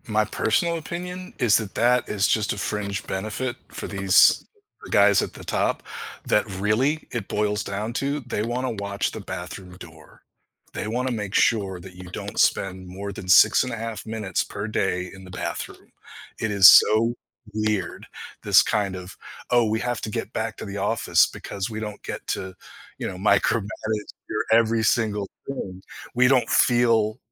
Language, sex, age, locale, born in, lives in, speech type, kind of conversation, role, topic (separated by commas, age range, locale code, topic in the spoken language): English, male, 50-54, en-US, United States, United States, conversation, podcast, guest, What habits or mindsets help you stay creative when you feel stuck?